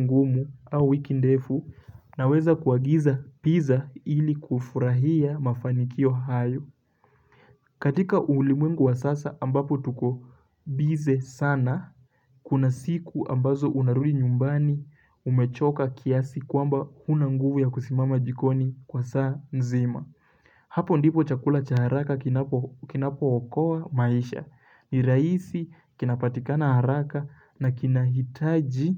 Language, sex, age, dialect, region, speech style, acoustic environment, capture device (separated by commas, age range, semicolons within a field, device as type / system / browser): Swahili, male, 18-24, Kenya - Mombasa, Kenya, focused, nature, mobile / Linux / Chrome